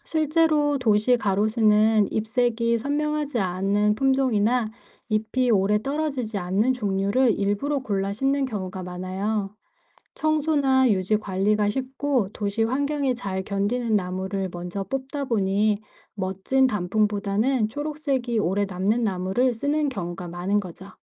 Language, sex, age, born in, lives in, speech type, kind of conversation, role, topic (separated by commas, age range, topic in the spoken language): Korean, female, 45-49, South Korea, United States, read, expert-assistant, expert, 나뭇잎은 왜 가을에 색이 변할까요?